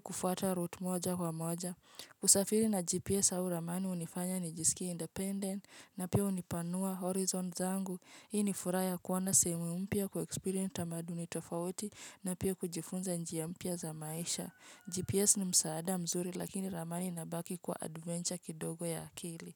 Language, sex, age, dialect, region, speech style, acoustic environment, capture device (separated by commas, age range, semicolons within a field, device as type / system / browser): Swahili, female, 25-34, Kenya - Nairobi Sheng-influenced, Kenya, relaxed, nature, mobile / Linux / Chrome